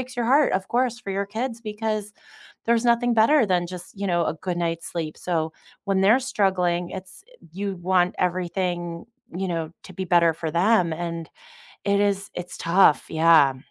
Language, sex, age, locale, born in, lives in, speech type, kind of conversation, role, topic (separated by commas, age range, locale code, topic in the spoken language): English, female, 35-39, en-US, United States, United States, conversation, unstructured, none, What role does sleep play in your mental health?